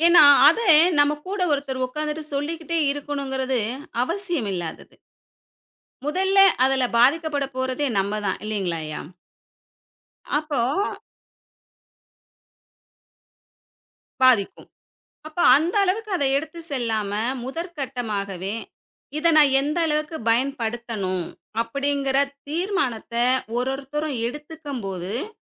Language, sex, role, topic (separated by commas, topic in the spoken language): Tamil, female, guest, ஸ்கிரீன் நேரத்தை கட்டுப்படுத்த மனநலத்தை ஏன் கவனிக்கணும்?